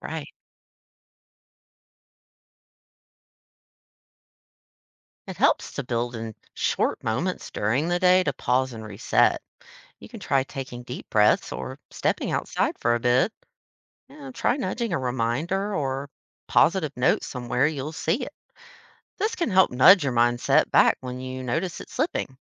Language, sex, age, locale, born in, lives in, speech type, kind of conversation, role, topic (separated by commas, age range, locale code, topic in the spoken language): English, female, 30-34, en-US, United States, United States, read, expert-assistant, expert, How does having a positive attitude influence your life?